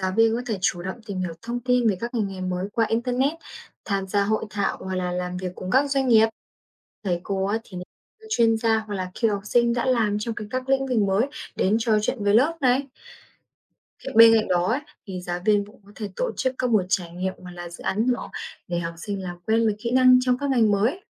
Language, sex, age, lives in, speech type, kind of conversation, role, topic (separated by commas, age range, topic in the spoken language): Vietnamese, female, 20-24, Vietnam, read, expert-assistant, expert, Vai trò của giáo viên trong việc định hướng nghề nghiệp cho học sinh